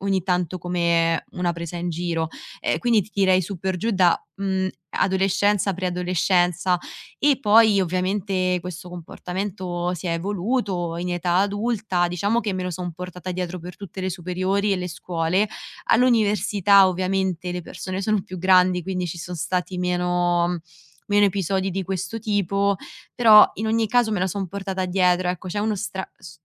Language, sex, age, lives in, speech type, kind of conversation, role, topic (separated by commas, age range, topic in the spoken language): Italian, female, 25-29, Italy, conversation, advice, user, Come posso rispondere ai complimenti senza dubitare del mio valore?